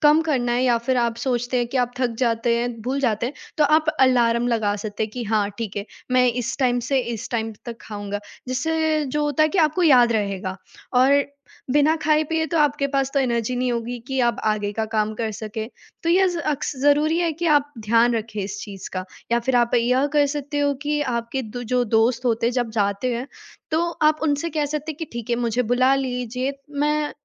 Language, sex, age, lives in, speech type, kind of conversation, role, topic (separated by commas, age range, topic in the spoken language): Hindi, female, 18-19, India, conversation, advice, advisor, काम में व्यस्त रहने के कारण क्या आपके खाने के नियम बार-बार टूट जाते हैं?